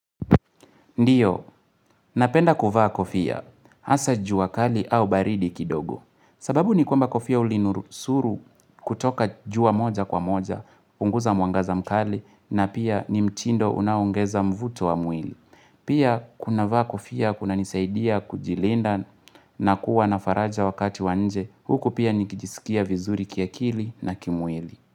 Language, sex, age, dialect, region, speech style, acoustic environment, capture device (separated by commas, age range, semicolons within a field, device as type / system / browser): Swahili, male, 18-24, Kenya - Nairobi Sheng-influenced, Kenya, happy; relaxed, nature, mobile / Linux / Chrome